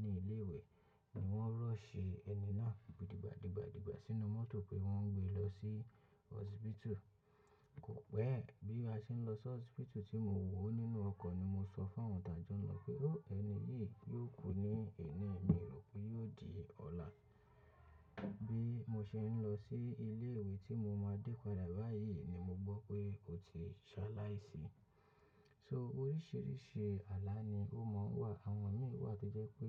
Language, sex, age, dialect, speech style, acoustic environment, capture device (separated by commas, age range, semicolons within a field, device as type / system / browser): Yoruba, male, 25-34, Nigeria - Lagos Yoruba, focused, nature, mobile / macOS / Safari